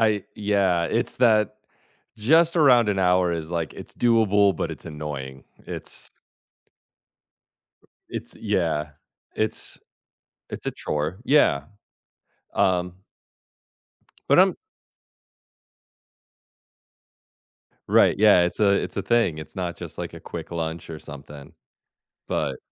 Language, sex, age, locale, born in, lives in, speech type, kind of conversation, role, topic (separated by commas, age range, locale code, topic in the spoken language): English, male, 40-44, en-US, United States, United States, conversation, advice, advisor, How can I manage guilt about not spending enough time with my family?